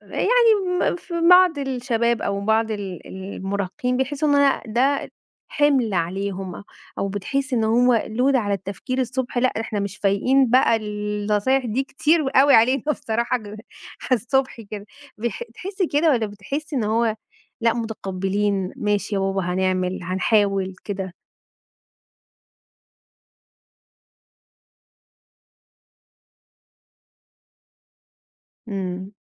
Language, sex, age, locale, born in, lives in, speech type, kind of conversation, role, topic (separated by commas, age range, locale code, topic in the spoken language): Arabic, female, 35-39, ar-EG, Egypt, Egypt, conversation, podcast, host, إزاي بتوازن بين الشغل وتربية الأولاد؟